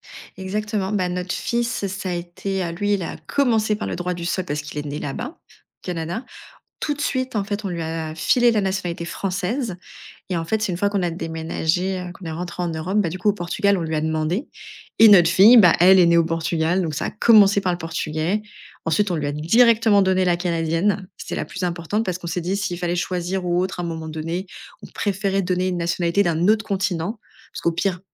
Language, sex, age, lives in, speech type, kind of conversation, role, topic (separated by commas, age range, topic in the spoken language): French, female, 30-34, France, conversation, podcast, guest, Comment réagis-tu quand on te demande d’où tu viens ?